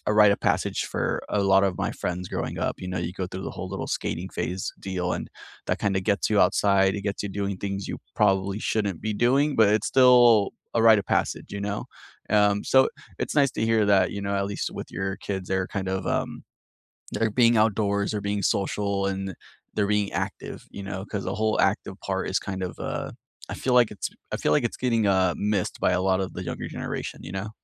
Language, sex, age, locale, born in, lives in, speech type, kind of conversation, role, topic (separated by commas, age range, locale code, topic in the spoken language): English, male, 30-34, en-US, United States, United States, conversation, unstructured, none, What are some easy, enjoyable ways you stay active outside the gym, and what helps you stick with them?